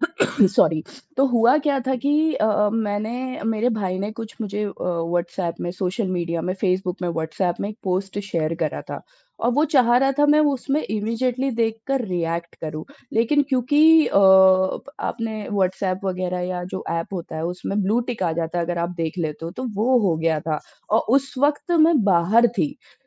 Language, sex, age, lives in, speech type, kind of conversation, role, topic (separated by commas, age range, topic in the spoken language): Hindi, female, 30-34, India, conversation, podcast, guest, Social media ne family fights aur bonding ko kaise affect kiya hai?